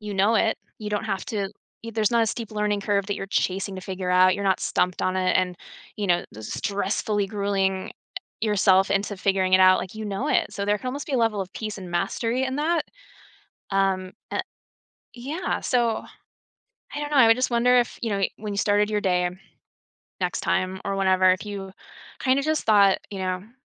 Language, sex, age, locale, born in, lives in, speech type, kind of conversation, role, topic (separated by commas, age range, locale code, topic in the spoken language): English, female, 40-44, en-US, United States, United States, conversation, advice, advisor, How can I find motivation in a stuck career?